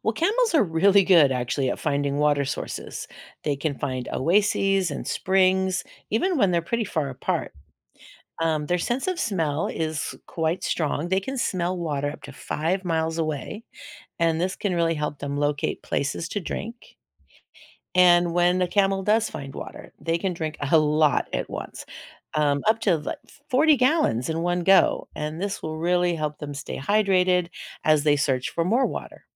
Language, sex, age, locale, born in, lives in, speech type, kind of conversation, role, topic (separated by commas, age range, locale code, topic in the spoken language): English, female, 60-64, en-US, United States, United States, read, expert-assistant, expert, How do camels survive in the desert?